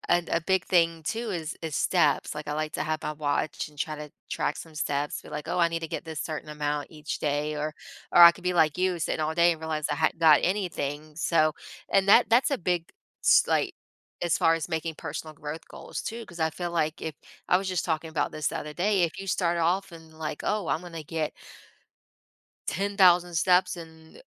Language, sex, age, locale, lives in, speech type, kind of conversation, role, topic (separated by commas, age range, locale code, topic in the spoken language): English, female, 30-34, en-US, United States, conversation, unstructured, none, What motivates you to keep working toward your personal growth goals?